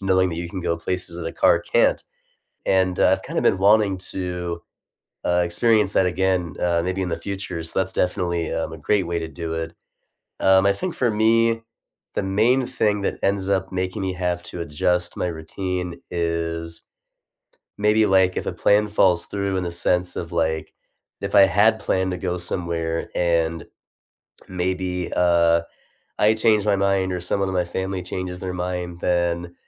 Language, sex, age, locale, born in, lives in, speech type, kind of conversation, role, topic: English, male, 30-34, en-US, United States, United States, conversation, unstructured, none, What simple rituals help you recharge after a long week, and why do they matter to you?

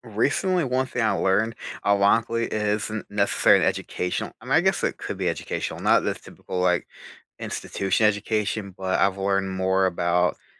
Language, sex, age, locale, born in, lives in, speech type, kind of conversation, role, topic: English, male, 30-34, en-US, United States, United States, conversation, unstructured, none, How do you most enjoy learning something new, and what kind of support helps you?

